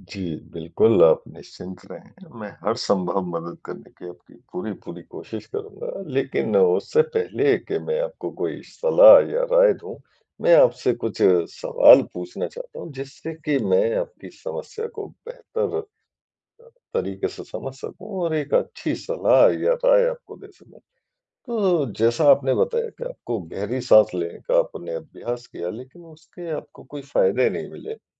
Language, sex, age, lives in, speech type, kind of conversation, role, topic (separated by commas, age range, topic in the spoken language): Hindi, male, 40-44, India, conversation, advice, advisor, गहरी साँस लेने के अभ्यास से मुझे क्या लाभ हो सकते हैं?